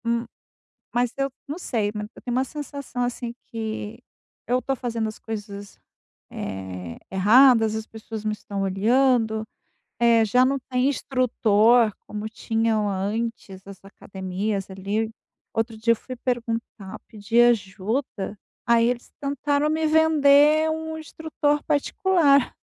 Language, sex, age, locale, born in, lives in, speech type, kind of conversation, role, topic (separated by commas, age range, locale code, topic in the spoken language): Portuguese, female, 50-54, pt-BR, Brazil, Spain, conversation, advice, user, Sentir-se envergonhado ou inseguro na academia